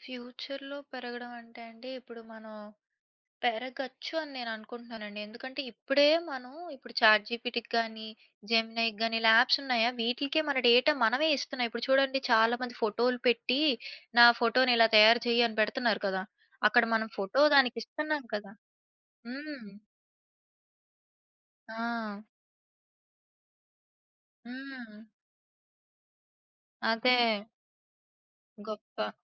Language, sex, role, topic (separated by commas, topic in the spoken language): Telugu, female, guest, స్మార్ట్‌ఫోన్లు మరో పది సంవత్సరాల్లో ఎలా మారుతాయనిపిస్తుంది?